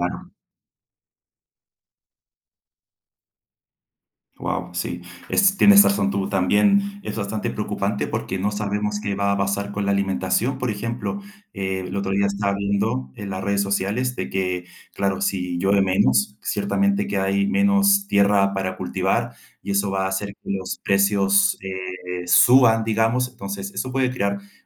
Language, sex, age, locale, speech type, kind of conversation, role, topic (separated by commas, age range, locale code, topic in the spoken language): Spanish, male, 30-34, es-CL, conversation, unstructured, none, ¿Cuál es tu opinión sobre el cambio climático?